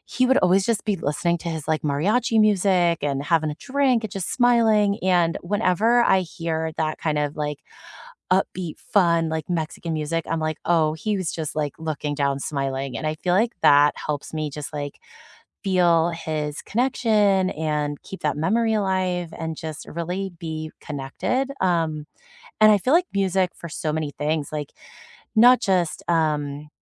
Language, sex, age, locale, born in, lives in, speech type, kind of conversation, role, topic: English, female, 35-39, en-US, United States, United States, conversation, unstructured, none, What simple rituals help you honor memories and keep meaningful connections alive?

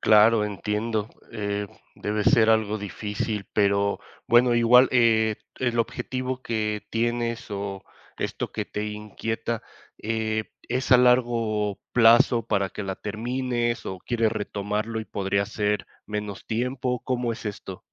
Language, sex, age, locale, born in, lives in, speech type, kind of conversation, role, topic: Spanish, male, 30-34, es-MX, Mexico, Mexico, conversation, advice, advisor, ¿Cómo puedo volver a estudiar siendo adulto y equilibrar mis responsabilidades?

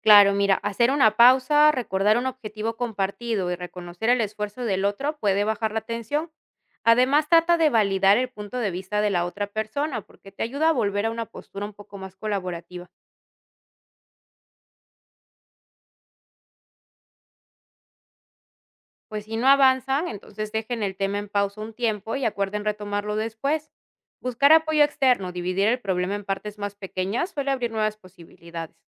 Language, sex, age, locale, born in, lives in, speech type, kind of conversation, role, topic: Spanish, female, 30-34, es-PE, Peru, Italy, read, expert-assistant, expert, ¿Es posible encontrar un punto medio cuando dos personas quieren cosas opuestas en una relación?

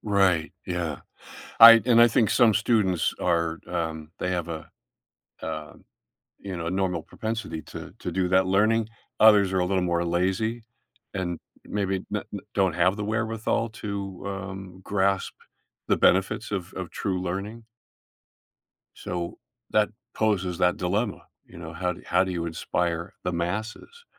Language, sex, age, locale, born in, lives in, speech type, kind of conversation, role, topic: English, male, 70-74, en-US, Canada, United States, conversation, podcast, host, How is technology changing the way we learn and teach?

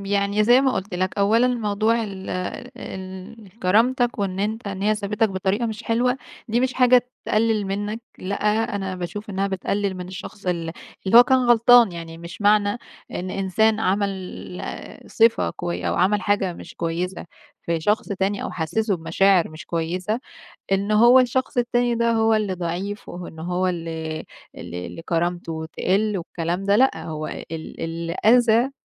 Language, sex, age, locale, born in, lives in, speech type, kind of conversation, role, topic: Arabic, female, 20-24, ar-EG, Egypt, Egypt, conversation, advice, advisor, إزاي أعرف إني بفكر أرجع لشريكي السابق؟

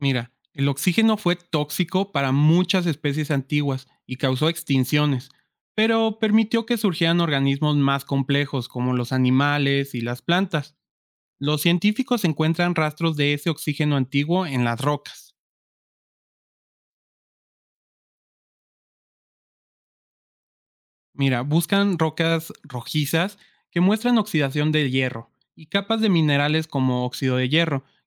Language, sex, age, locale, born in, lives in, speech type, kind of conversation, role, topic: Spanish, male, 25-29, es-MX, Mexico, Mexico, read, expert-assistant, expert, ¿Qué puede causar que un planeta pierda su atmósfera a lo largo del tiempo?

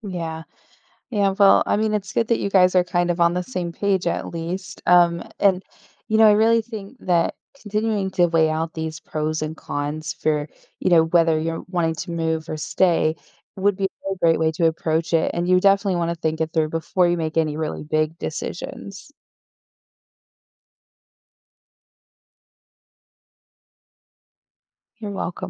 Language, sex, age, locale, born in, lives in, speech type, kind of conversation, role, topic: English, female, 35-39, en-US, Germany, United States, conversation, advice, advisor, How can I choose between major life options?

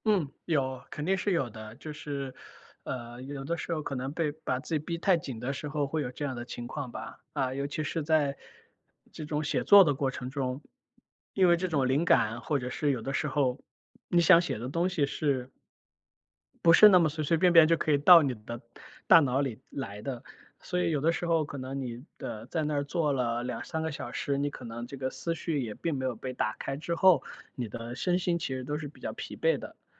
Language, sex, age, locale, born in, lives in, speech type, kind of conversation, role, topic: Chinese, male, 35-39, zh-CN, China, United States, conversation, advice, user, 休息时感到内疚，如何才能真正放松？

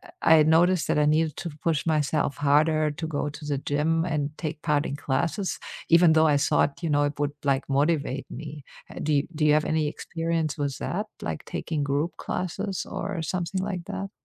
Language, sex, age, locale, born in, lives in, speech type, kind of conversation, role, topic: English, female, 50-54, en-DE, Germany, United States, conversation, unstructured, none, How do you stay motivated to keep exercising regularly?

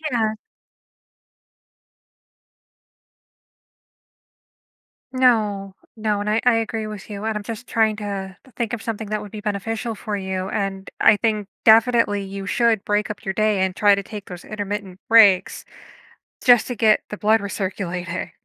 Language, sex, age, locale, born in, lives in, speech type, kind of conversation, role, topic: English, female, 30-34, en-US, United States, United States, conversation, advice, advisor, How can I balance family time and personal goals?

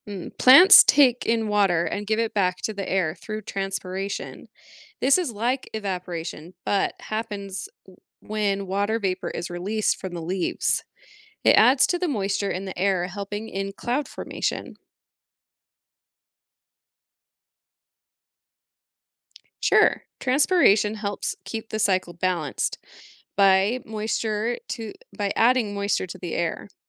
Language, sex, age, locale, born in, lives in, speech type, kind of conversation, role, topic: English, female, 30-34, en-US, United States, United States, read, expert-assistant, expert, What are the stages of the water cycle?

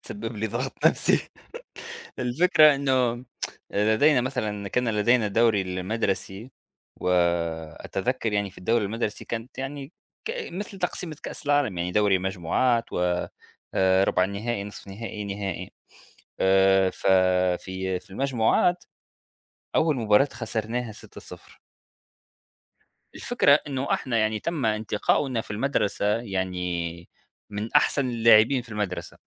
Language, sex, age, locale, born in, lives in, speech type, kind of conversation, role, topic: Arabic, male, 30-34, ar-TN, Tunisia, United States, conversation, unstructured, none, هل شايف إن الرياضة ممكن تسبب ضغط نفسي أحيانًا؟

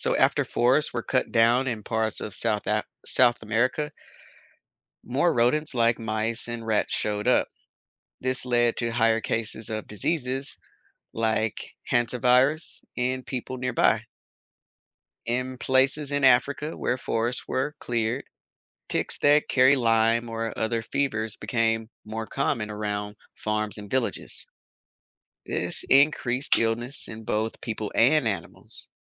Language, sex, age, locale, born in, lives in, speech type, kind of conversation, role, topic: English, male, 35-39, en-US, United States, United States, read, expert-assistant, expert, What are the effects of deforestation on global weather patterns?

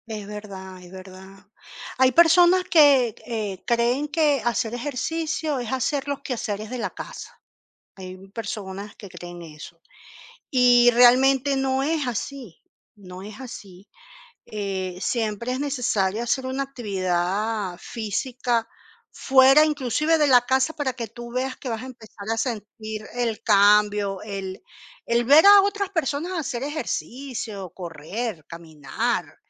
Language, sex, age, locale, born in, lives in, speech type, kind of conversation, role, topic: Spanish, female, 45-49, es-CL, Venezuela, Portugal, conversation, unstructured, none, ¿Alguna vez has sentido que hacer deporte te ayuda a ser más feliz?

